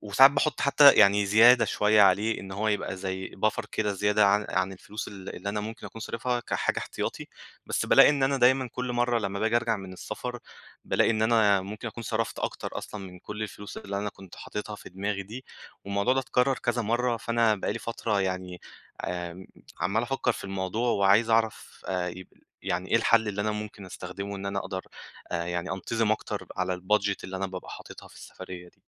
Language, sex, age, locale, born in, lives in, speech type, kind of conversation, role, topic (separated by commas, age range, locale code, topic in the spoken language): Arabic, male, 25-29, ar-EG, Egypt, Egypt, conversation, advice, user, إزاي أخطط لعطلتي من غير ما أرفع سقف توقعاتي وأتضايق؟